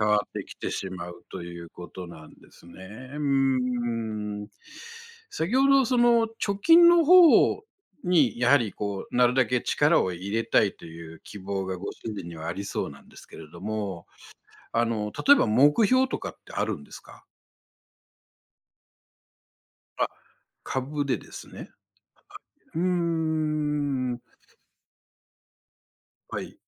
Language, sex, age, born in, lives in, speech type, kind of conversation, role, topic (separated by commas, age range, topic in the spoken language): Japanese, male, 60-64, Japan, Japan, conversation, advice, advisor, 夫婦の金銭に関する意見の不一致